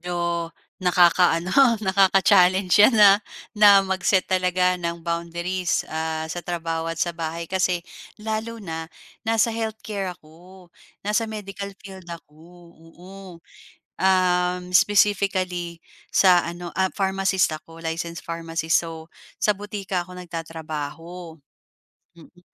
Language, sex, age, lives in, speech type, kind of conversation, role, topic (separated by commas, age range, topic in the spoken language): Filipino, female, 35-39, Philippines, conversation, podcast, guest, Paano ka nagtatakda ng hangganan sa trabaho at sa bahay?